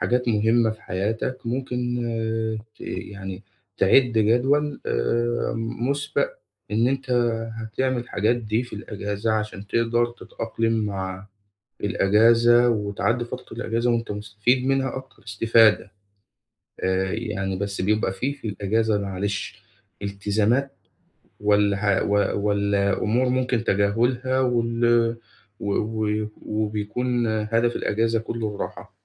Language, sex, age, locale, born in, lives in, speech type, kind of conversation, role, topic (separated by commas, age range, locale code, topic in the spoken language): Arabic, male, 25-29, ar-EG, Egypt, Egypt, conversation, advice, advisor, إزاي أستغل إجازة قصيرة بشكل فعّال عشان أحس إني اتجدّدت؟